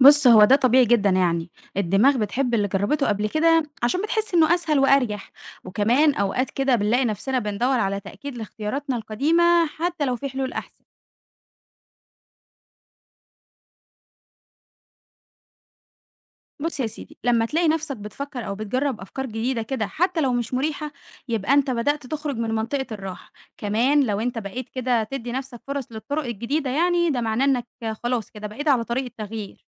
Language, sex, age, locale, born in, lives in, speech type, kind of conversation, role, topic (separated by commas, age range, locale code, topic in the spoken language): Arabic, female, 20-24, ar-EG, Egypt, Egypt, read, expert-assistant, expert, إزاي أعرف لو دماغي بيخليني أميل لاختيارات معينة من غير ما آخد بالي؟